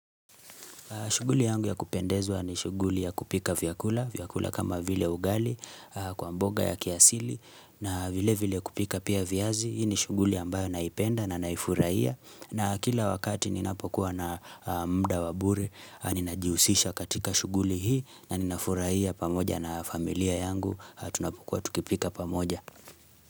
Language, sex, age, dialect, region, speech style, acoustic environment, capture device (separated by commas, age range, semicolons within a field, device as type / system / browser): Swahili, male, 25-34, Kenya - Nairobi Sheng-influenced, Kenya, relaxed, silence, mobile / Linux / Chrome